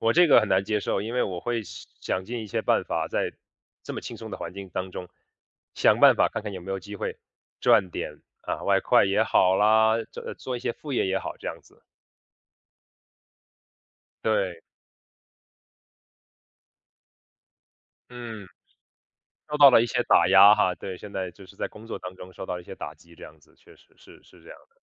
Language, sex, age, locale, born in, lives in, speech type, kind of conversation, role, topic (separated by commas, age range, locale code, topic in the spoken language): Chinese, male, 30-34, zh-CN, China, United States, conversation, podcast, guest, 你怎么判断自己是不是过劳了？